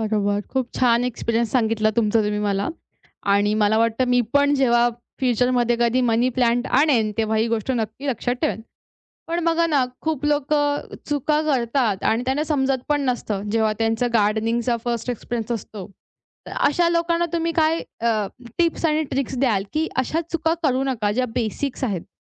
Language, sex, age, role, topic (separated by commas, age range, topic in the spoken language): Marathi, female, 20-24, host, घराच्या अंगणात किंवा छतावर बागकाम सुरू कसे करावे?